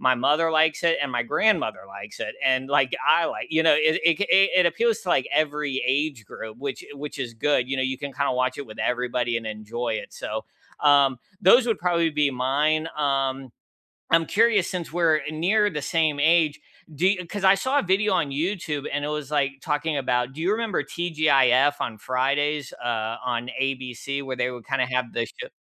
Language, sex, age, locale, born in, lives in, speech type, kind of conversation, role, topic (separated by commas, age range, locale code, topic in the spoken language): English, male, 35-39, en-US, United States, United States, conversation, unstructured, none, Which feel-good TV shows do you rewatch when you need a break, and what makes them your cozy reset?